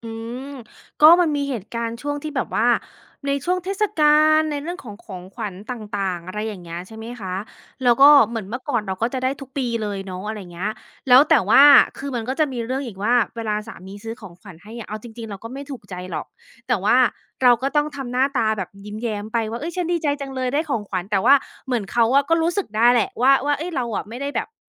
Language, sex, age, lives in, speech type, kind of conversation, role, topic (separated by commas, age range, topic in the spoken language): Thai, female, 35-39, United States, conversation, podcast, guest, การสื่อสารที่ดีในครอบครัวควรเป็นแบบไหน?